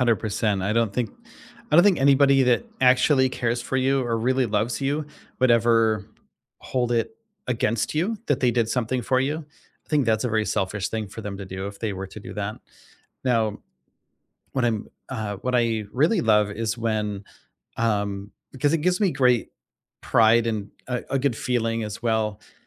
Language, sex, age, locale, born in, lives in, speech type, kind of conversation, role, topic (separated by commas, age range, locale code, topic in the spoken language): English, male, 45-49, en-US, United States, United States, conversation, unstructured, none, How can you put your love languages into action in everyday life?